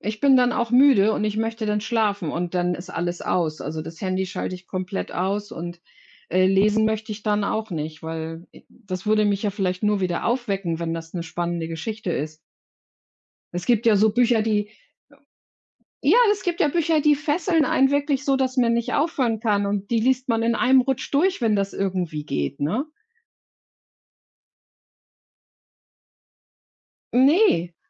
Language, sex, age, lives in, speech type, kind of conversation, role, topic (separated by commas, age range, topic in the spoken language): German, female, 55-59, Germany, conversation, unstructured, none, Was macht für dich eine gute Geschichte aus?